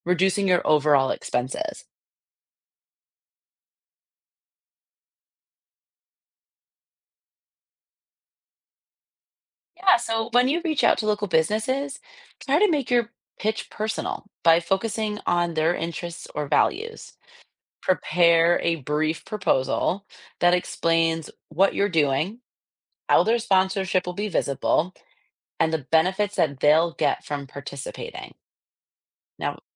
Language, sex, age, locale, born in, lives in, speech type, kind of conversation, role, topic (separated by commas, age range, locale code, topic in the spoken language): English, female, 30-34, en-US, United States, United States, read, expert-assistant, expert, What are the key steps in organizing a community fundraising event?